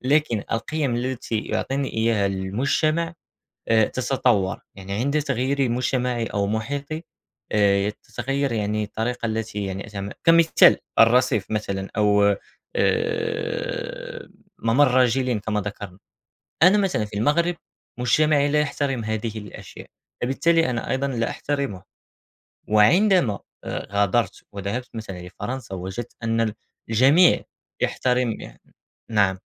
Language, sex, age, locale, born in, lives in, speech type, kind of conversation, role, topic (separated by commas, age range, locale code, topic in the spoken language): Arabic, male, 18-19, ar-MA, Morocco, France, conversation, unstructured, none, هل شايف إن الهوية بتتغير مع الوقت؟